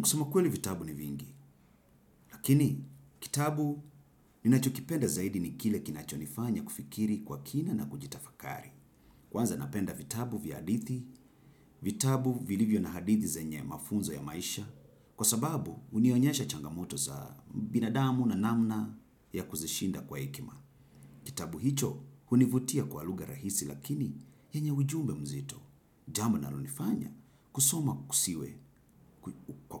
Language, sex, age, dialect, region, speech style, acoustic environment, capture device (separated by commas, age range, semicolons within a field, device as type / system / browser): Swahili, male, 35-44, Kenya - Mombasa, Kenya, happy, silence, desktop / Windows / Chrome